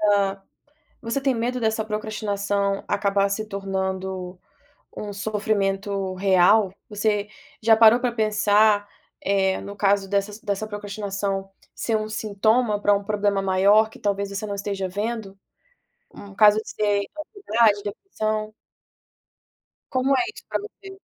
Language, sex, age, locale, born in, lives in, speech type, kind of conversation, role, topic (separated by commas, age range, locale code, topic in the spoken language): Portuguese, female, 25-29, pt-BR, Brazil, United States, conversation, podcast, host, Como você lida com a procrastinação nos estudos?